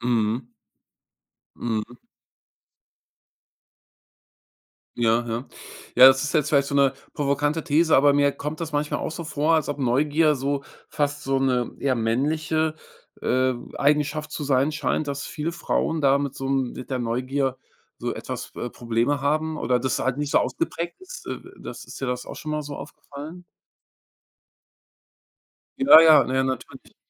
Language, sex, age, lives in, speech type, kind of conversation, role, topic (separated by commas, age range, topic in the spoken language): German, male, 45-49, Germany, conversation, podcast, host, Was treibt dich mehr an: Neugier oder Ehrgeiz?